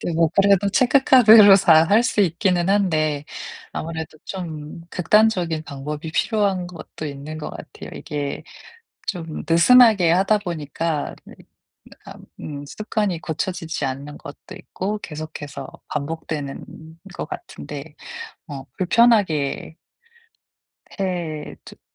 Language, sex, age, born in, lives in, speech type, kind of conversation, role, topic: Korean, female, 35-39, South Korea, United States, conversation, advice, user, 충동구매를 줄이고 가치 중심으로 소비하려면 어떻게 시작하면 좋을까요?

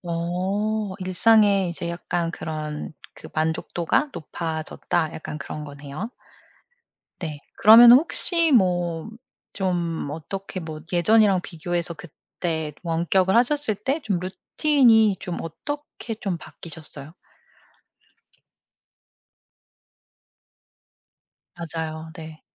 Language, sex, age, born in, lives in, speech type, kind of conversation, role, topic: Korean, female, 40-44, South Korea, United States, conversation, podcast, host, 원격 근무가 일상에 어떤 영향을 주었나요?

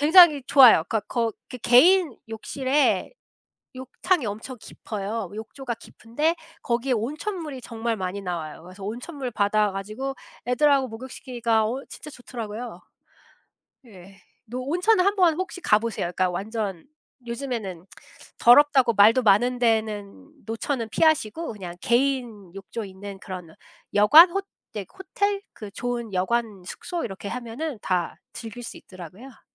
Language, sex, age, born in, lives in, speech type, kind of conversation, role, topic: Korean, female, 35-39, South Korea, United States, conversation, unstructured, none, 친구와 함께한 추억 중 가장 재미있었던 일은 무엇인가요?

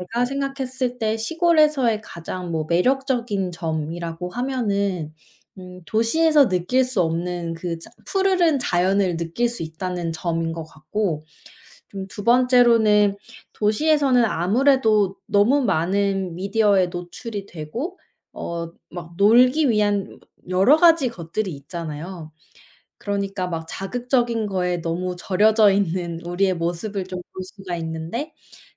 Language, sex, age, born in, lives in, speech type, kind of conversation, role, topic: Korean, female, 25-29, South Korea, United States, conversation, unstructured, none, 도시 생활과 시골 생활 중 어느 쪽이 더 매력적이라고 생각하시나요?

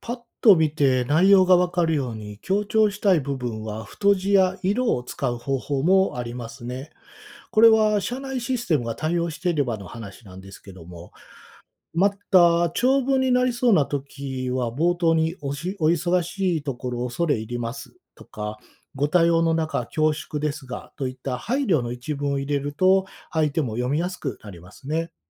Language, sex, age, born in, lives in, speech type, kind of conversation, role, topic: Japanese, male, 45-49, Japan, Japan, read, expert-assistant, expert, 上司に意見を伝えるにはどうすれば効果的ですか？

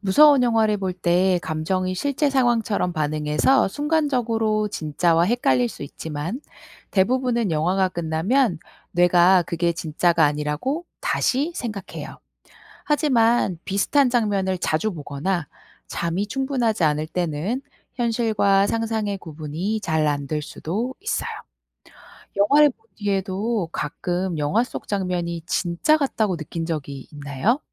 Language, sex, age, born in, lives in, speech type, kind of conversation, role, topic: Korean, female, 35-39, South Korea, South Korea, read, expert-assistant, expert, 왜 무서운 영화를 보면 심장이 두근거릴까요?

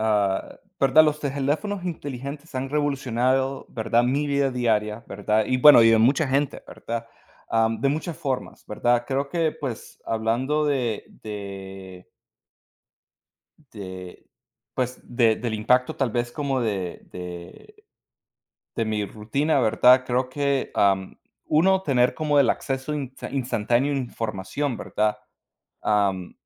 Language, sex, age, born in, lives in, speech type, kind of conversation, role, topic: Spanish, male, 30-34, United States, United States, conversation, unstructured, none, ¿Cómo han afectado los teléfonos inteligentes a tu vida diaria?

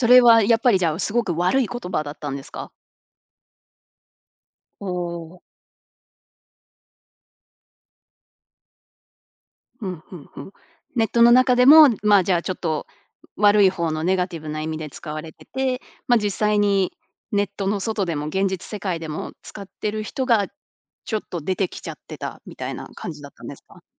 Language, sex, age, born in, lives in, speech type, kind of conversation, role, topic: Japanese, female, 30-34, Japan, United States, conversation, podcast, host, SNSでの言葉遣いは若者文化にどのような影響を与えているのでしょうか？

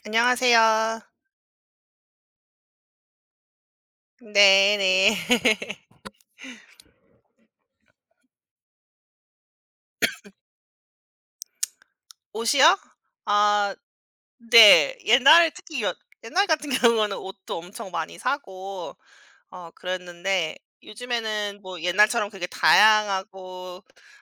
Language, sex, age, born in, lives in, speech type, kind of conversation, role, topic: Korean, female, 35-39, South Korea, United States, conversation, unstructured, none, 매일 다른 스타일의 옷을 입는 것과 편한 옷을 반복해서 입는 것 중 어떤 쪽을 더 선호하시나요?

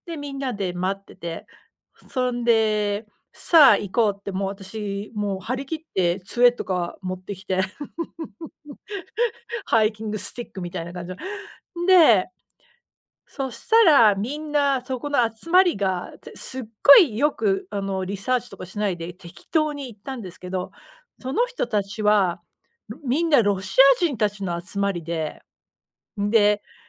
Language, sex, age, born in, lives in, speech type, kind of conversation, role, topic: Japanese, female, 40-44, United States, United States, conversation, unstructured, none, 自然の中で過ごす休日はどんな感じですか？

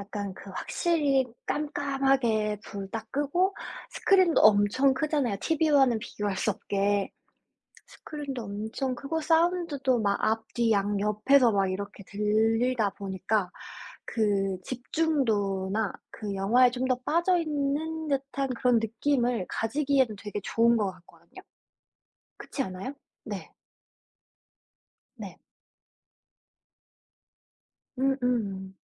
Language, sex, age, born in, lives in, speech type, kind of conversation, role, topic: Korean, female, 25-29, South Korea, United States, conversation, unstructured, none, 영화는 영화관에서 보는 게 더 좋으신가요, 아니면 집에서 편하게 보는 게 더 좋으신가요?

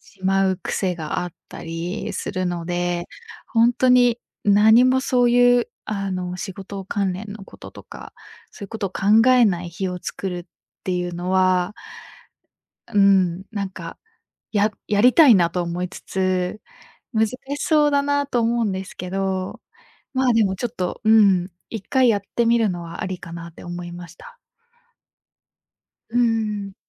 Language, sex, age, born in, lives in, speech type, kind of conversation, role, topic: Japanese, female, 25-29, Japan, Japan, conversation, advice, user, 燃え尽き症候群のせいで仕事に興味が持てないと感じるのはなぜですか？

